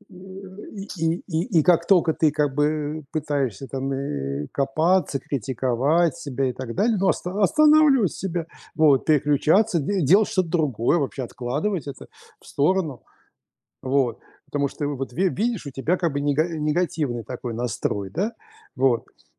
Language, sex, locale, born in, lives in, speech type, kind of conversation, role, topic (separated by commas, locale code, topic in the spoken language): Russian, male, ru-RU, Russia, United States, conversation, advice, advisor, Почему я постоянно корю и критикую себя?